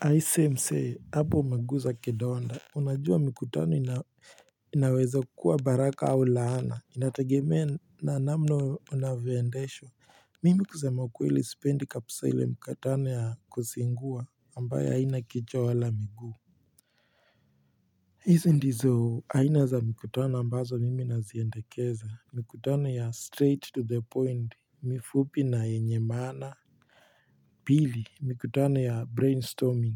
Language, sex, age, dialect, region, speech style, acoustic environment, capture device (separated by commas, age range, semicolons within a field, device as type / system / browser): Swahili, male, 18-24, Kenya - Nairobi Sheng-influenced, Kenya, relaxed, silence, mobile / Linux / Chrome